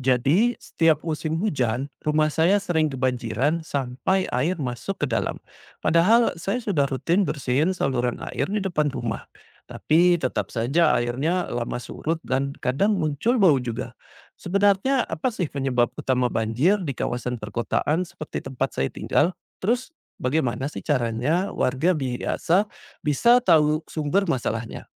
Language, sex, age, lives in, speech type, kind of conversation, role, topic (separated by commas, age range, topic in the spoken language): Indonesian, male, 40-44, Indonesia, read, expert-assistant, asker, Bagaimana Cara Efektif Mengatasi Banjir di Lingkungan Perkotaan?